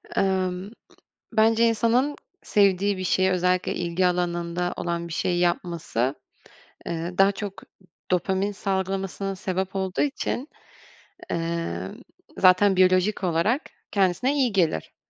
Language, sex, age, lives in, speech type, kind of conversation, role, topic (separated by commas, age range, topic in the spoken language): Turkish, female, 25-29, France, conversation, podcast, guest, Hobiler ruh sağlığına nasıl iyi gelir, somut bir örnek verebilir misiniz?